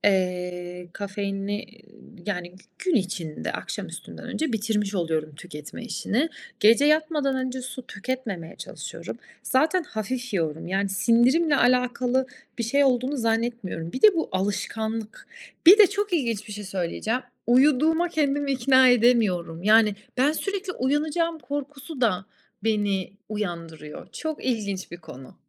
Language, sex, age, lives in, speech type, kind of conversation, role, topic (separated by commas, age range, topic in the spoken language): Turkish, female, 35-39, Italy, conversation, advice, user, Neden sürekli uyuyamıyorum ve sabahları neden bitkin uyanıyorum?